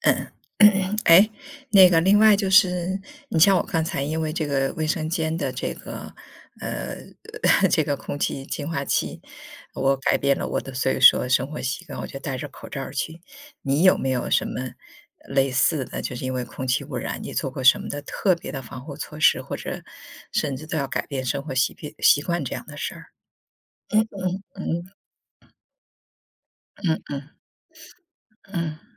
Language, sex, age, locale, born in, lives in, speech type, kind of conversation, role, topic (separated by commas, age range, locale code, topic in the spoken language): Chinese, female, 50-54, zh-CN, China, United States, conversation, unstructured, none, 你怎么看待空气污染问题？